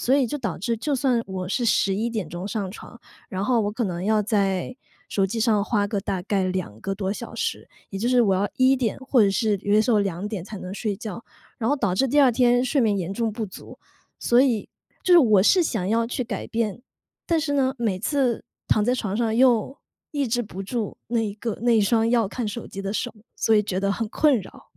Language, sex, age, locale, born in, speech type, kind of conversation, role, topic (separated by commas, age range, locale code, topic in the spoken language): Chinese, female, 35-39, zh-CN, China, conversation, advice, user, 睡前刷手机会怎样影响你的睡眠，你又想如何培养更好的睡前习惯？